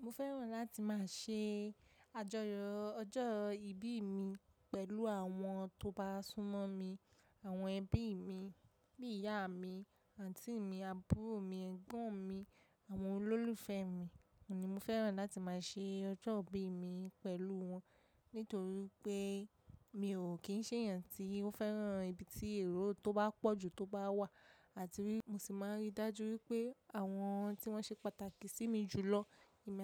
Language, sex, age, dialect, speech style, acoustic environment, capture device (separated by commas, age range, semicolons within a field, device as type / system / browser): Yoruba, female, 25-34, Nigeria - Lagos Yoruba, relaxed, nature, mobile / macOS / Safari